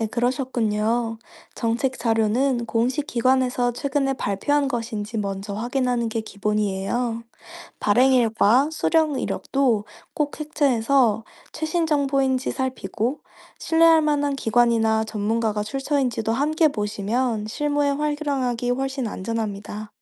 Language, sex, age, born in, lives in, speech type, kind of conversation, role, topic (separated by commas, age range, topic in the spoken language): Korean, female, 25-29, South Korea, France, read, expert-assistant, expert, 의료행정에서 신뢰할 수 있는 정보를 고를 때 어떤 기준을 사용하면 좋을까요?